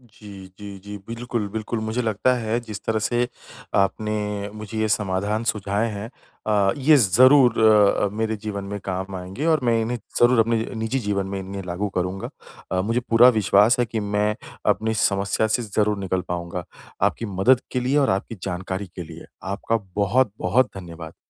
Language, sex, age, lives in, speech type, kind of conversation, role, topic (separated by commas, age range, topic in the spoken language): Hindi, male, 30-34, India, conversation, advice, user, सोने से पहले डिजिटल सीमाएँ कैसे बनाऊँ ताकि फोन का उपयोग कम हो और अच्छी नींद मिल सके?